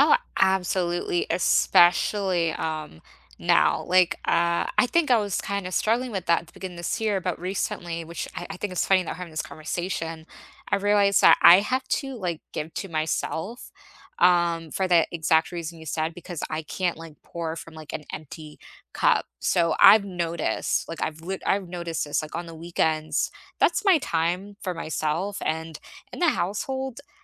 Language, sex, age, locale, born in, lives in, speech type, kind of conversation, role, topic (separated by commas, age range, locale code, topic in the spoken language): English, female, 25-29, en-US, United States, United States, conversation, unstructured, none, What is one small joy from your week that you are grateful for?